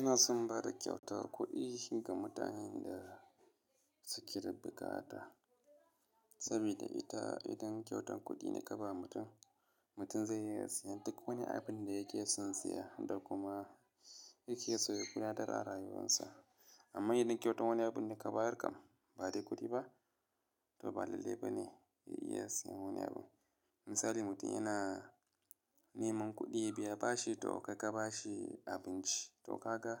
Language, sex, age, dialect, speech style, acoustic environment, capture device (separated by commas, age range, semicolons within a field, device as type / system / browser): Hausa, male, 25-34, Nigeria - Kano, happy, silence, mobile / Linux / Chrome